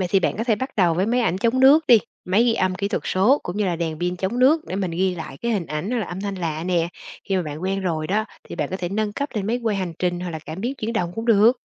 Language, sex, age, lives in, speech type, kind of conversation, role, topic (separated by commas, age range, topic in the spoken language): Vietnamese, female, 40-44, Vietnam, read, expert-assistant, expert, Nếu muốn tìm hiểu về những bí ẩn của đại dương, mình nên bắt đầu theo hướng nào để khám phá hiệu quả nhất?